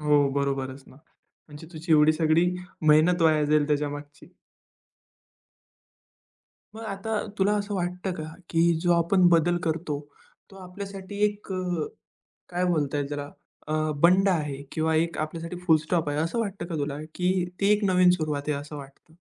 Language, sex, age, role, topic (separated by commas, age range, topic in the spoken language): Marathi, male, 20-24, host, कुटुंबाला किंवा मित्रांना बदल स्वीकारायला तुम्ही कसे राजी करता?